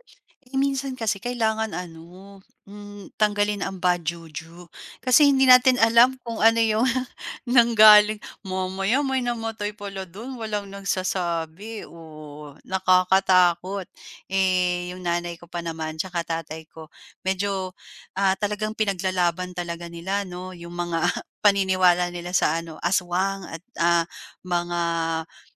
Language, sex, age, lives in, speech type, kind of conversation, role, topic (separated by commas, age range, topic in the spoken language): Filipino, female, 35-39, Philippines, conversation, podcast, guest, Paano ninyo ipinagdiriwang ang mga tradisyon sa bago ninyong tahanan?